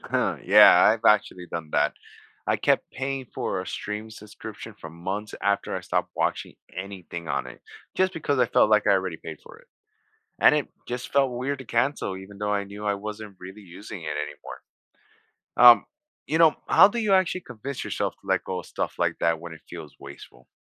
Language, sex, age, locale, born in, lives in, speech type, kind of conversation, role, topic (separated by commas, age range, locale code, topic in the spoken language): English, male, 40-44, en-US, United States, United States, read, expert-assistant, asker, How can I get better at spotting microeconomic principles, like incentives or opportunity cost, in my everyday spending?